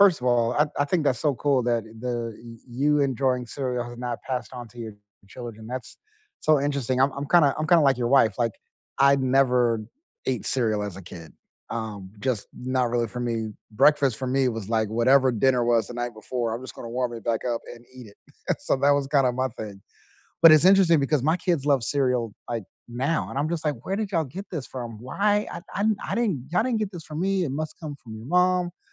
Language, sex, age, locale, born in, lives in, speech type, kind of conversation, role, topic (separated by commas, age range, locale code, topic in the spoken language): English, male, 40-44, en-US, United States, United States, conversation, unstructured, none, What food traditions did you grow up with and still keep?